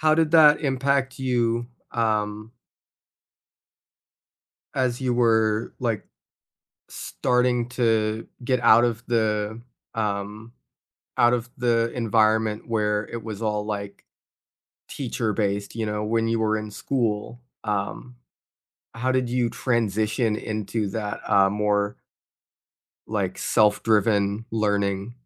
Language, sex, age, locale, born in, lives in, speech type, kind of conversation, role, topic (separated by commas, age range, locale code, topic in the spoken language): English, other, 20-24, en-US, United States, United States, conversation, unstructured, none, What helped you learn a new skill as an adult?